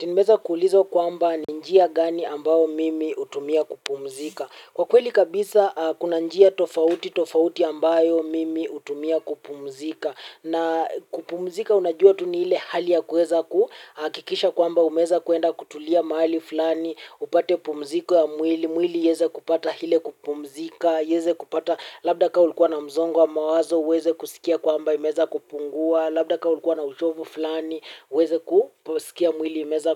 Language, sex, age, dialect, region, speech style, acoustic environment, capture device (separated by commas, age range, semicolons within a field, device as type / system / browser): Swahili, male, 18-24, Kenya - Mombasa, Kenya, happy, silence, mobile / Linux / Chrome